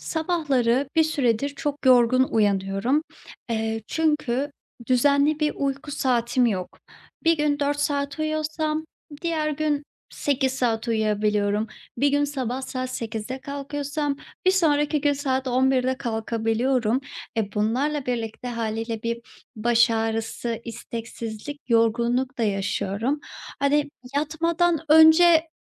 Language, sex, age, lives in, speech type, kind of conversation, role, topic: Turkish, female, 25-29, Bulgaria, conversation, advice, user, Düzenli bir uyku saati ve yatmadan önce rahatlatıcı bir ritüel nasıl oluşturabilirim?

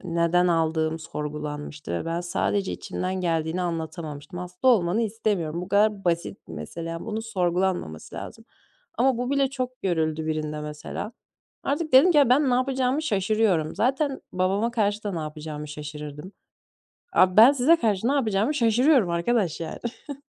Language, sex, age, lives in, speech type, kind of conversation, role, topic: Turkish, female, 20-24, Germany, conversation, advice, user, Güven eksikliği ve yeni başlangıç kaygısıyla kariyerimde doğru zamanda adım atıyor muyum?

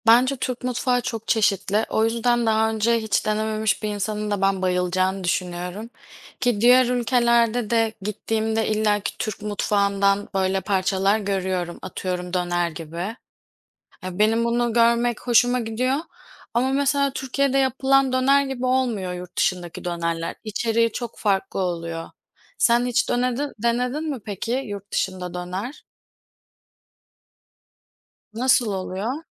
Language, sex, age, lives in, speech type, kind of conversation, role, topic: Turkish, female, 25-29, Poland, conversation, unstructured, none, Hangi yemek kültürü seni en çok etkiledi?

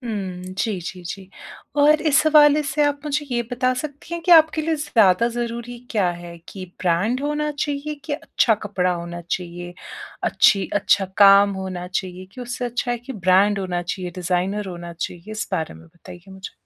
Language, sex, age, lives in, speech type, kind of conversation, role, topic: Hindi, female, 30-34, India, conversation, advice, advisor, मैं सही कीमत पर अच्छा सामान कैसे ढूंढूं?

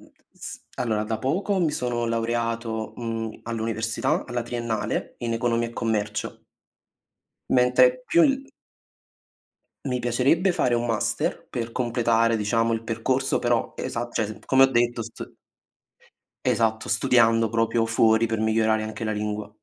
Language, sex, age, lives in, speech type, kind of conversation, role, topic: Italian, male, 20-24, Italy, conversation, unstructured, none, Qual è il sogno che ti motiva di più ogni giorno?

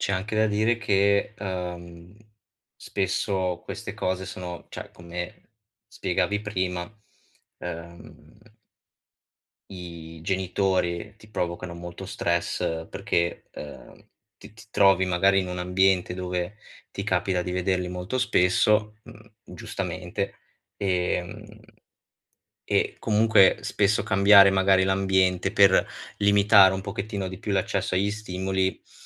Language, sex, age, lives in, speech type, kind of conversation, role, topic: Italian, male, 25-29, Italy, conversation, advice, advisor, Come posso resistere alle voglie improvvise senza arrendermi?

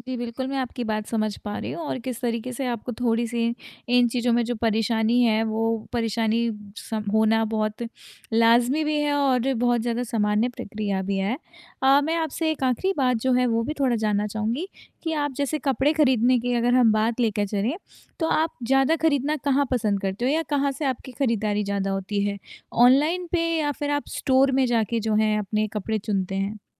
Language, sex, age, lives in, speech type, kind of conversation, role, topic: Hindi, female, 25-29, India, conversation, advice, advisor, खरीदारी में इतने विकल्पों में से सही चीज़ कैसे चुनूँ और निर्णय कैसे लूँ?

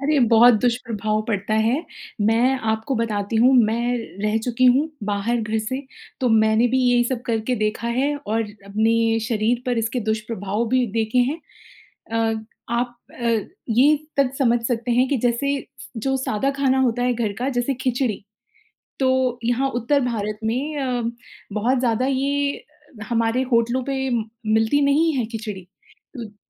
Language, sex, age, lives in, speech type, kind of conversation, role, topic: Hindi, female, 35-39, India, conversation, podcast, host, आपका सबसे पसंदीदा घर का बना खाना कौन सा है?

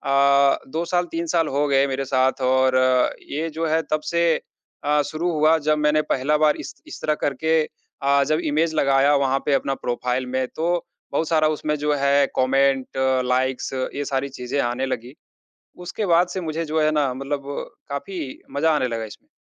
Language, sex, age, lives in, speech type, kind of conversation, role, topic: Hindi, male, 30-34, India, conversation, podcast, guest, Filters aur editing tumhari self-image ko kaise badal dete hain?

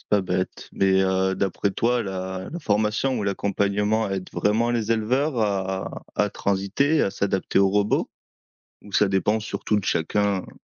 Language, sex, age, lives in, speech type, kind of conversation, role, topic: French, male, 25-29, France, read, expert-assistant, asker, Les robots améliorent-ils vraiment la qualité de vie des éleveurs par rapport aux méthodes traditionnelles ?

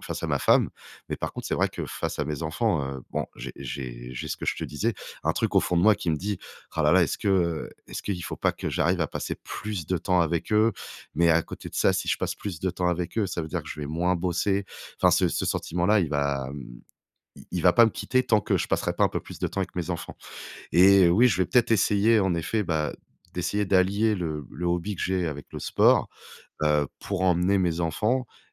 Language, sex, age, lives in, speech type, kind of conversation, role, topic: French, male, 35-39, France, conversation, advice, user, Comment gérer la culpabilité de négliger ma famille et ma santé au profit de ma startup ?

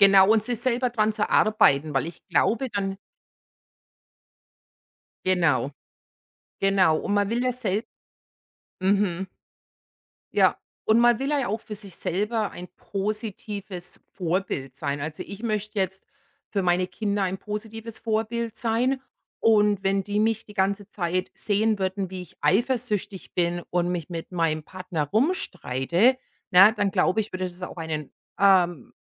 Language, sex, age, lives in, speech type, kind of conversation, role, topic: German, female, 45-49, United States, conversation, unstructured, none, Wie gehst du mit Eifersucht in einer Beziehung um?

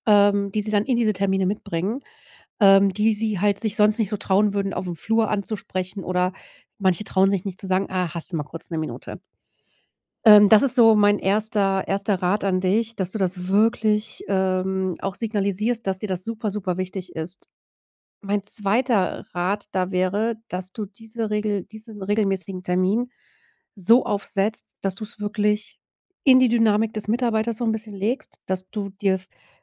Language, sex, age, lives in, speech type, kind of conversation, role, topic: German, female, 40-44, Germany, conversation, advice, advisor, Wie kann ich Vertrauen aufbauen, ohne ständig zu kontrollieren?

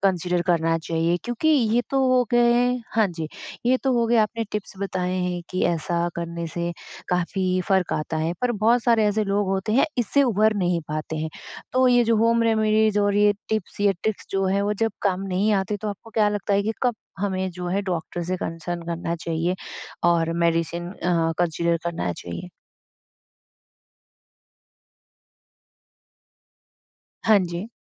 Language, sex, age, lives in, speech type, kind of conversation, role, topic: Hindi, female, 20-24, India, conversation, podcast, host, Neend sudharne ke liye tum kaun-kaun se simple tareeke apnaate ho?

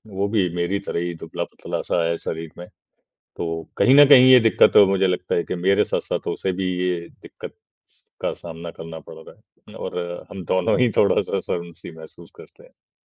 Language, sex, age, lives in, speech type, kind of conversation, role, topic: Hindi, male, 40-44, India, conversation, advice, user, जिम में आत्मविश्वास की कमी और शर्म महसूस होना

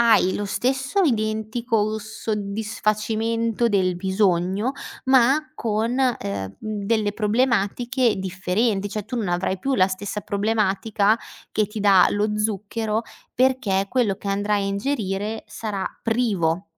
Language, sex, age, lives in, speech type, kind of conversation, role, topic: Italian, female, 25-29, Italy, conversation, advice, advisor, Come posso calmarmi quando mi sento iperstimolato e agitato dopo aver bevuto caffè e mangiato dolci?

